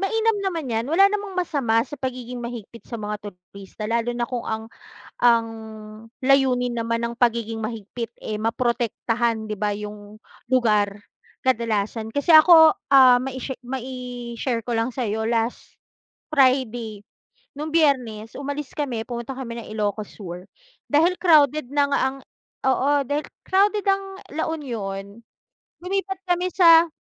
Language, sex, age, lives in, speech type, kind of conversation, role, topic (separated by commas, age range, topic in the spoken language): Filipino, female, 35-39, Philippines, conversation, unstructured, none, Dapat bang ipatupad ang mas mahigpit na mga regulasyon para sa mga turista?